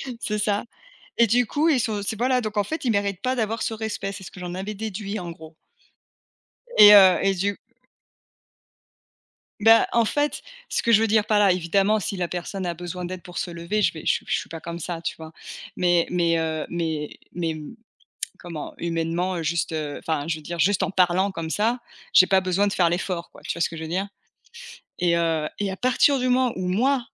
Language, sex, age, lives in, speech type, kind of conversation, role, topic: French, female, 40-44, United States, conversation, unstructured, none, Quelle est votre approche pour apprendre de vos erreurs ?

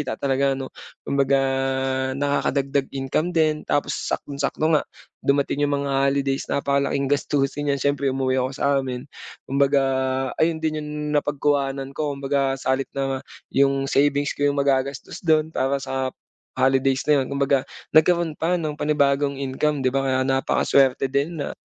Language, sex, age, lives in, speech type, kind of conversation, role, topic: Filipino, male, 25-29, Philippines, conversation, advice, user, Paano ko mapagtatagumpayan ang takot sa pagbabago kapag sumusubok ako ng bagong libangan?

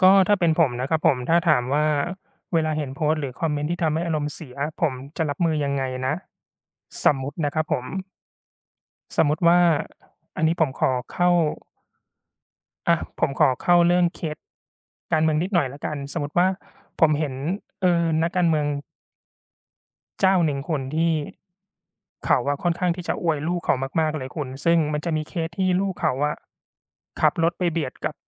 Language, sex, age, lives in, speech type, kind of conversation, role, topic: Thai, male, 35-39, Thailand, conversation, unstructured, none, การใช้สื่อสังคมออนไลน์ส่งผลต่ออารมณ์ของคุณอย่างไร?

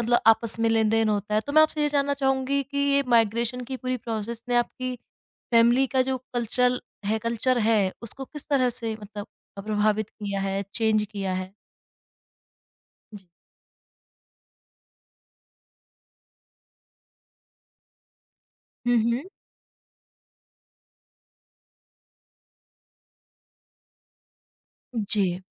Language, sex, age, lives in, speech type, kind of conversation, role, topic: Hindi, female, 25-29, India, conversation, podcast, host, Migration ne aapke parivaar ki sanskriti ko kaise prabhavit kiya?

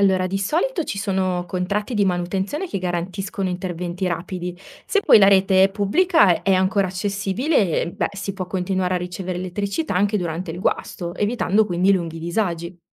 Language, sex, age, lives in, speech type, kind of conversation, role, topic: Italian, female, 30-34, Italy, read, expert-assistant, expert, Come funzionano le energie rinnovabili e perché sono importanti per la salute della Terra?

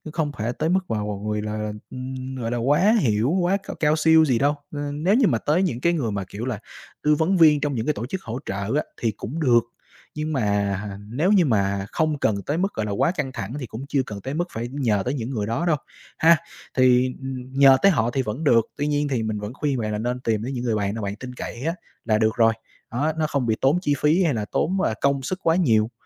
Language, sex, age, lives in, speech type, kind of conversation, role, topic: Vietnamese, male, 25-29, Vietnam, conversation, advice, advisor, Bạn có đang bị yêu cầu ăn mặc hoặc ứng xử theo kỳ vọng giới tính không?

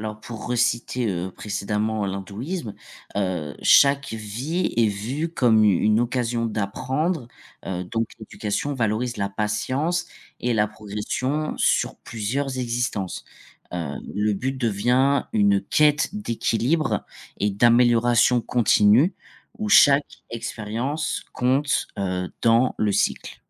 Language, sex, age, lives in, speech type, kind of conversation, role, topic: French, male, 25-29, France, read, expert-assistant, expert, Comment les religions influencent-elles notre vision de la vie après la mort ?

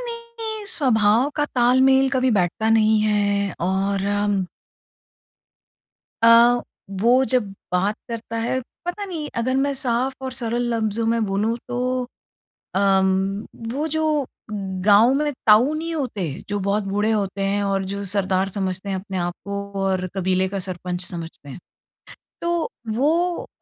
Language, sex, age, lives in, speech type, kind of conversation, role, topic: Hindi, female, 45-49, India, conversation, advice, user, भाई-बहनों के साथ संचार की कमी और गलतफहमियाँ कैसे दूर करें?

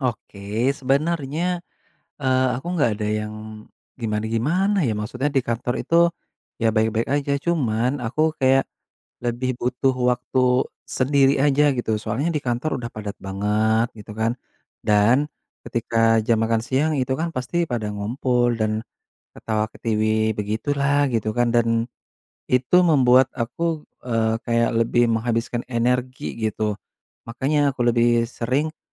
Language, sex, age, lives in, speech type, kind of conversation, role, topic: Indonesian, male, 30-34, Indonesia, conversation, advice, user, Bagaimana Anda menghadapi diagnosis kesehatan yang belum pasti dan ketakutan tentang masa depan?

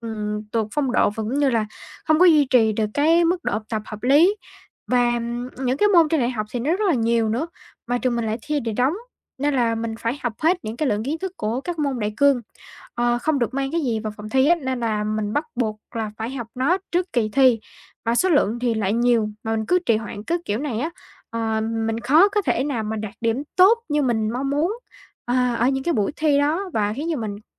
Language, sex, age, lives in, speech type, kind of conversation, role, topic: Vietnamese, female, 18-19, Vietnam, conversation, advice, user, Làm thế nào để giảm tình trạng bị phân tâm liên tục bởi thông báo điện thoại và email?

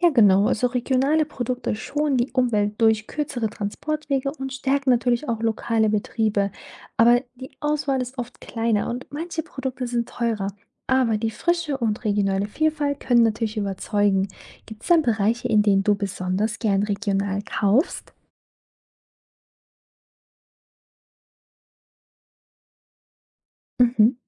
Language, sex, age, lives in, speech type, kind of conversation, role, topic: German, female, 25-29, Germany, read, expert-assistant, expert, Kann es sein, dass unser Alltag ohne internationale Produkte ganz anders aussehen würde?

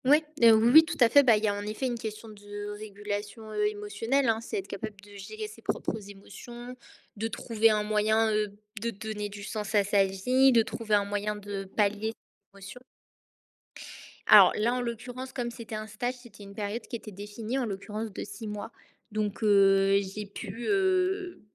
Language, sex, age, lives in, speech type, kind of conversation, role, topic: French, female, 20-24, France, conversation, podcast, guest, Comment fais-tu pour vraiment écouter quelqu’un ?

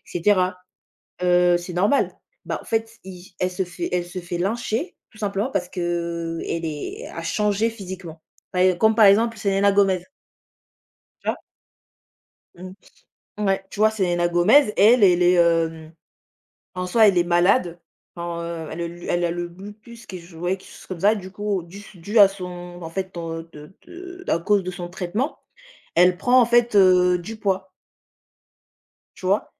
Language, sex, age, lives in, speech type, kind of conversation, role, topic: French, female, 20-24, France, conversation, unstructured, none, Pourquoi certaines célébrités deviennent-elles si détestées ?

